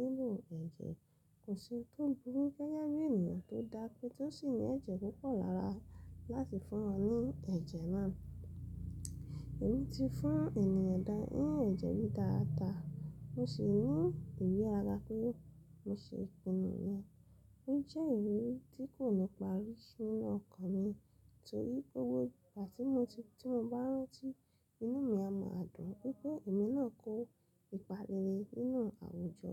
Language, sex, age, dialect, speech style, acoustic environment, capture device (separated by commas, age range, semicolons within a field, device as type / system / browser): Yoruba, female, 25-34, Nigeria - Lagos Yoruba, happy, silence, mobile / Linux / Chrome